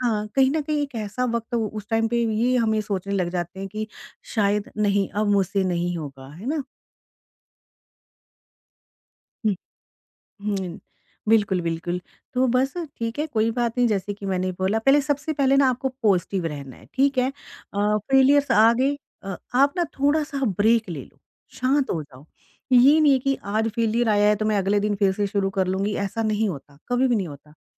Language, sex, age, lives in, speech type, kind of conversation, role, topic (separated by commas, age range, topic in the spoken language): Hindi, female, 45-49, India, conversation, podcast, guest, Tumne apni failure se sabse badi seekh kya li?